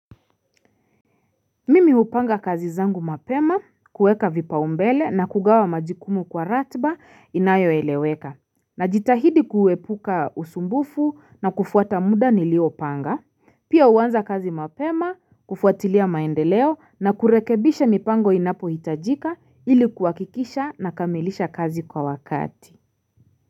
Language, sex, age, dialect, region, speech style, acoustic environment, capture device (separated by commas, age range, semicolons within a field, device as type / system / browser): Swahili, female, 25-34, Kenya - Nairobi Sheng-influenced, Kenya, relaxed, silence, mobile / Linux / Chrome